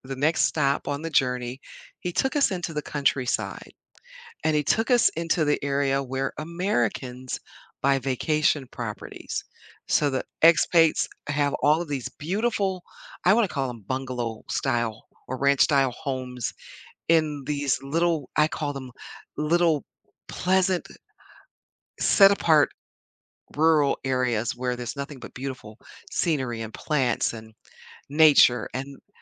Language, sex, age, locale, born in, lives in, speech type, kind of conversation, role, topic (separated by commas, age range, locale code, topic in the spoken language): English, female, 60-64, en-US, United States, United States, conversation, podcast, guest, How has learning about a different culture changed your perspective or challenged your assumptions?